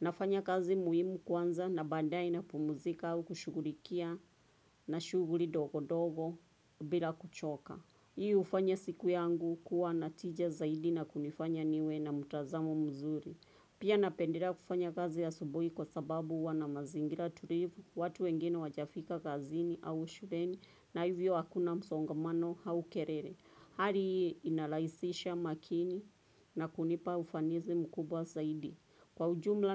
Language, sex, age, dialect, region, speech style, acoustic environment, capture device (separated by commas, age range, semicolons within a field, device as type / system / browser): Swahili, female, 25-34, Kenya - Nairobi Sheng-influenced, Kenya, relaxed; focused, traffic; nature, desktop / Windows / Chrome